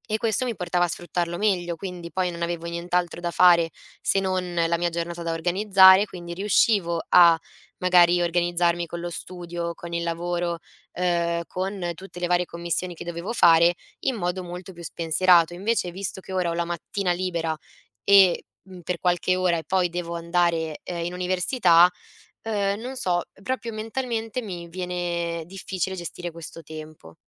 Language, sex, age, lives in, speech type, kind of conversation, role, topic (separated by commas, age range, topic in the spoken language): Italian, female, 20-24, Italy, conversation, advice, user, Come posso pianificare piccoli obiettivi quotidiani senza confondermi?